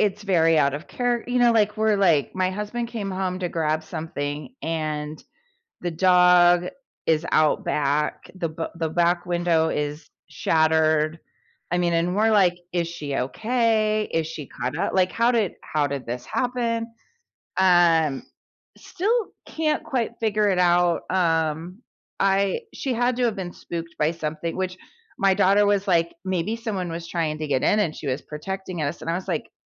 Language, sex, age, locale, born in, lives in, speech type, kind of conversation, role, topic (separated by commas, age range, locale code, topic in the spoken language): English, female, 45-49, en-US, United States, United States, conversation, unstructured, none, What is the funniest thing a pet has done around you?